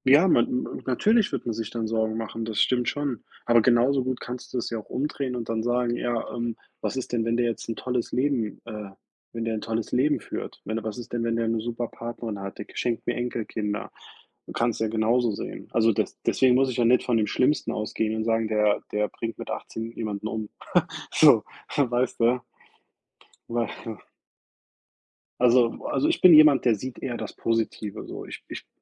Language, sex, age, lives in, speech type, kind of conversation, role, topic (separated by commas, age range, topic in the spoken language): German, male, 30-34, United States, conversation, unstructured, none, Wovor hast du mit Blick auf deine Zukunft am meisten Angst?